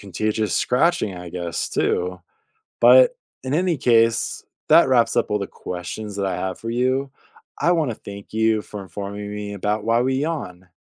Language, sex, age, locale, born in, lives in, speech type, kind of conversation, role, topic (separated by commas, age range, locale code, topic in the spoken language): English, male, 30-34, en-US, United States, United States, read, expert-assistant, asker, Why do we yawn?